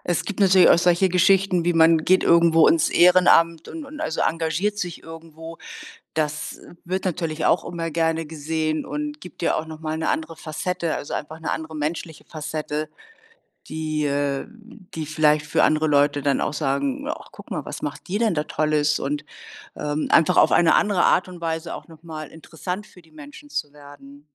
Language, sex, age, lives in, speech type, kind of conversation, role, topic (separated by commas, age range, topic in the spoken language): German, female, 60-64, Germany, conversation, advice, advisor, Warum fällt es dir als Erwachsener schwer, neue Freunde zu finden?